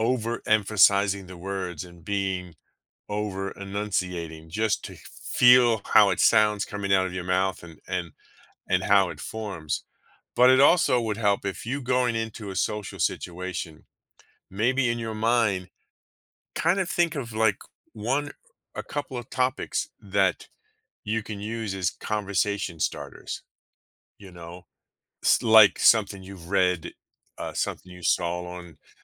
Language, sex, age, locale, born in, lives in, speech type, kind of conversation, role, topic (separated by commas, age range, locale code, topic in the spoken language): English, male, 50-54, en-US, United States, United States, conversation, advice, advisor, How can I improve my communication skills?